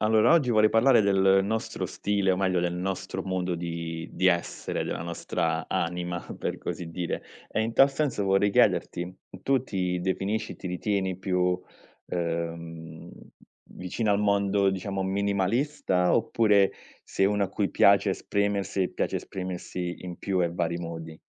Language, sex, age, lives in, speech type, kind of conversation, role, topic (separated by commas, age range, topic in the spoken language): Italian, male, 30-34, Italy, conversation, podcast, host, Ti definisci più minimalista o amante dell’espressione, e perché?